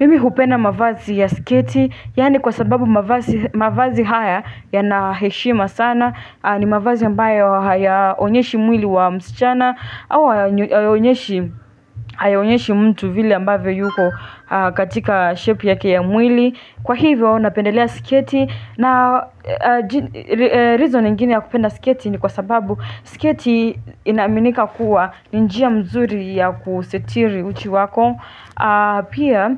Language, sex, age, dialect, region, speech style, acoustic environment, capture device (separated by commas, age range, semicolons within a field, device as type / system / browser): Swahili, female, 18-24, Kenya - Nairobi Sheng-influenced, Kenya, happy, music, desktop / Linux / Chrome